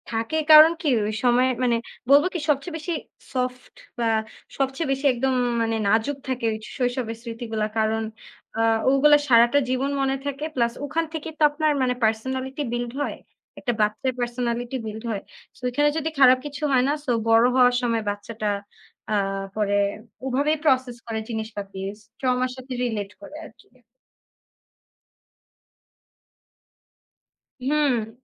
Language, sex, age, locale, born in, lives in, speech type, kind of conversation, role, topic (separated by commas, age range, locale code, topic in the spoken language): Bengali, female, 20-24, bn-BD, Bangladesh, Bangladesh, conversation, unstructured, none, তোমার শৈশবের সবচেয়ে কষ্টদায়ক স্মৃতি কী?